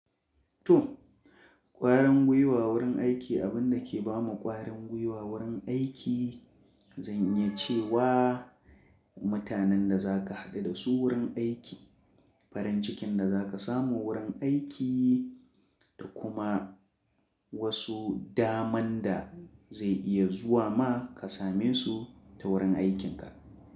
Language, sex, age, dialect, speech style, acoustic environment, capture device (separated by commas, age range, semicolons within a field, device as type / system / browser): Hausa, male, 25-34, Nigeria - Kano, focused; relaxed, traffic, mobile / Linux / Chrome